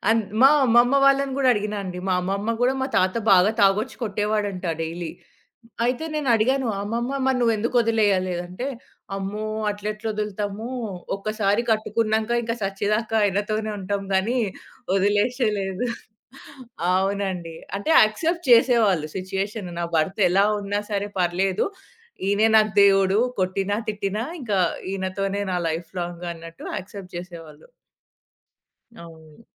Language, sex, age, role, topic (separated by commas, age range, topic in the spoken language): Telugu, female, 30-34, guest, అమ్మానాన్నల మధ్య ఉన్న బంధం మీ జీవితం మీద ఎలాంటి ప్రభావం చూపింది?